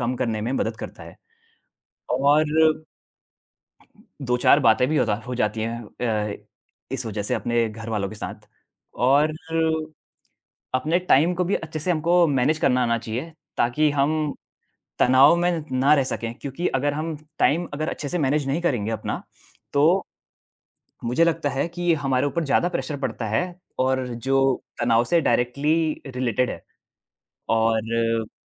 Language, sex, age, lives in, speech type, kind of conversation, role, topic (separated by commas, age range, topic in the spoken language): Hindi, male, 20-24, India, conversation, unstructured, none, आप तनाव को कम करने के लिए क्या-क्या करते हैं?